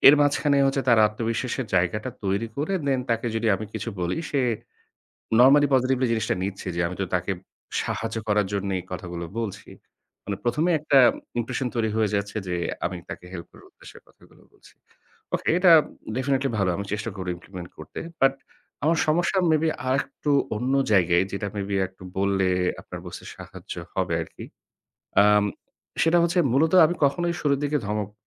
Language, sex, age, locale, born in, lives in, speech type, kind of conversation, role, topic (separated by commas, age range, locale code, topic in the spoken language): Bengali, male, 30-34, bn-BD, Bangladesh, Bangladesh, conversation, advice, user, কঠোর প্রতিক্রিয়া দিতে আমার ভয় কেন হয়?